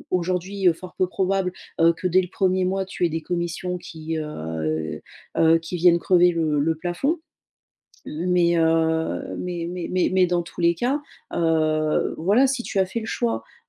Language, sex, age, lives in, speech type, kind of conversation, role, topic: French, female, 35-39, France, conversation, advice, advisor, Anxiété au coucher empêchant de se détendre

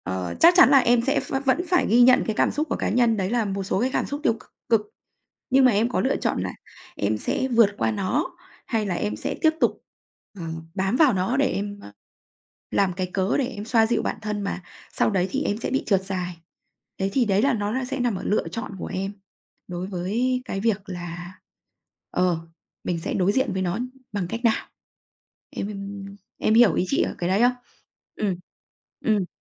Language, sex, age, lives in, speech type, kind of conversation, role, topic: Vietnamese, female, 35-39, Vietnam, conversation, podcast, guest, Bạn có thể kể về một lần bạn thất bại và bạn đã học được điều gì từ đó không?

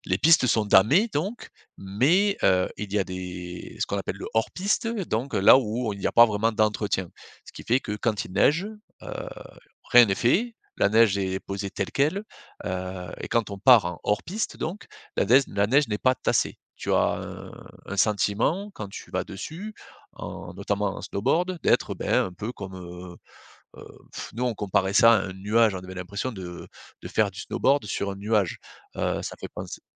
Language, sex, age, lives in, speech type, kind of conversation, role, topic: French, male, 35-39, France, conversation, podcast, guest, Peux-tu me parler d’une balade en nature qui t’a vraiment marqué ?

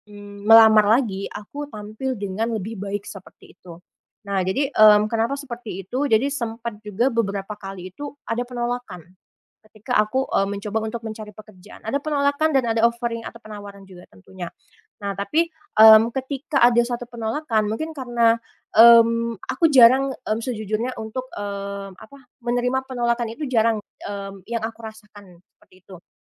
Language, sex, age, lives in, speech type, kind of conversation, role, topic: Indonesian, female, 25-29, Indonesia, conversation, advice, user, Bagaimana saya harus menghadapi kehilangan pekerjaan secara mendadak?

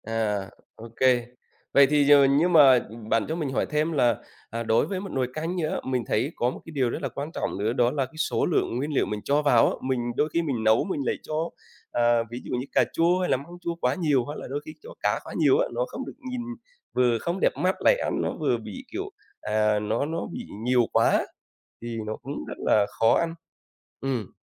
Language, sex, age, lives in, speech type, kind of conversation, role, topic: Vietnamese, male, 40-44, Vietnam, conversation, podcast, host, Bạn tổ chức bữa tối gia đình như thế nào?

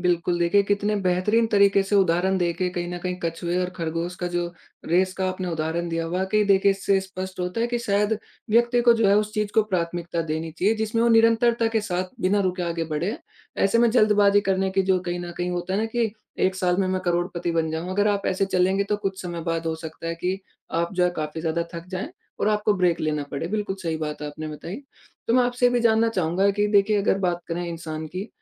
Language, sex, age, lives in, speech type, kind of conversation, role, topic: Hindi, male, 20-24, India, conversation, podcast, host, आपकी सफलता में पैसे का कितना महत्व होता है?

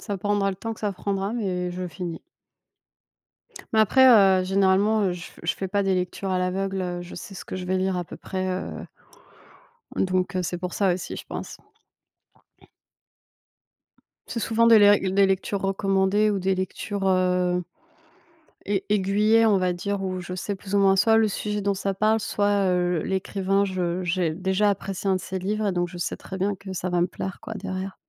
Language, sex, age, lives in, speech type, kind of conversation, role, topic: French, female, 30-34, France, conversation, unstructured, none, Quelle est votre méthode pour surmonter le syndrome de la page blanche en écriture créative ?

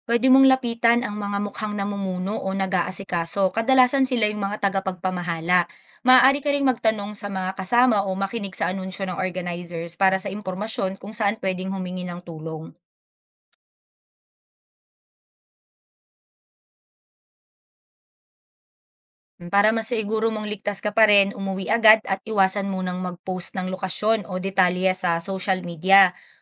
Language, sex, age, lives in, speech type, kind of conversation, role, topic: Filipino, female, 25-29, Philippines, read, expert-assistant, expert, Ano ang mga dapat isaalang-alang bago sumali sa isang protesta o adbokasiya?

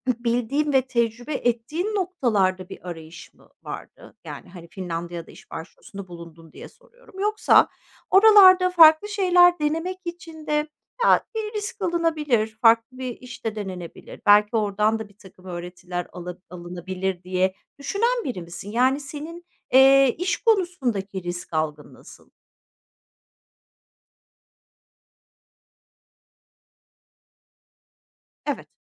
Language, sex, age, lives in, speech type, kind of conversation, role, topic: Turkish, female, 45-49, Netherlands, conversation, podcast, host, Yaratıcı risk almayı nasıl öğrenebiliriz?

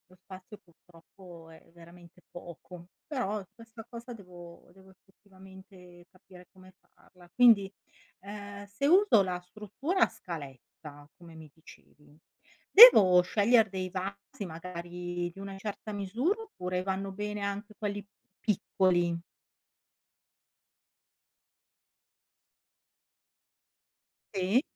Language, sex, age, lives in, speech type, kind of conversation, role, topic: Italian, female, 55-59, Italy, read, expert-assistant, asker, Come si coltivano le piante in un orto urbano?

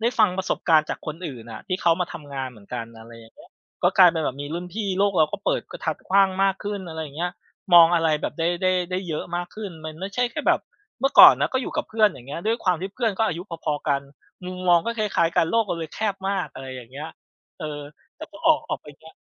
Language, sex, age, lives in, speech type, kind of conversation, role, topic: Thai, male, 35-39, Thailand, conversation, podcast, guest, ทำไมหนังเรื่องโปรดของคุณถึงโดนใจคุณ?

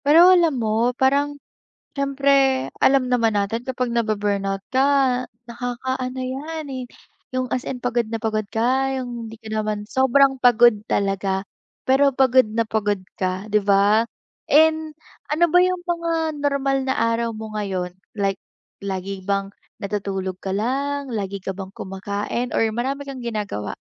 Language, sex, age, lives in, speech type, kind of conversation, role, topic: Filipino, female, 20-24, Philippines, conversation, advice, advisor, Ano ang maaari mong gawin kapag pagod ka at wala kang gana dahil sa sobrang pagkaubos ng lakas?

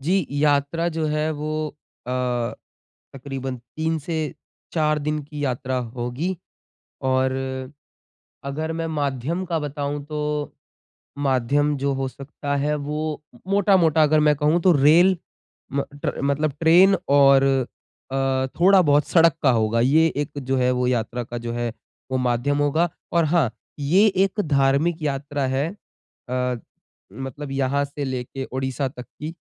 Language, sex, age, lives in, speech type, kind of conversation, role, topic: Hindi, male, 20-24, India, conversation, advice, user, यात्रा के दौरान थकान और बीमारियों से कैसे बचें और अपनी ऊर्जा कैसे बनाए रखें?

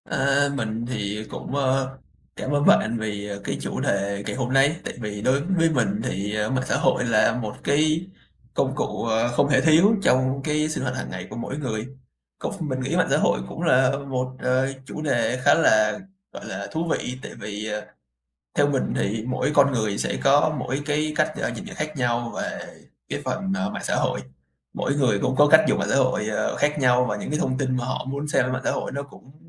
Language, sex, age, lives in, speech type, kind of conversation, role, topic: Vietnamese, male, 20-24, Vietnam, conversation, podcast, guest, Bạn sử dụng mạng xã hội như thế nào trong sinh hoạt hằng ngày?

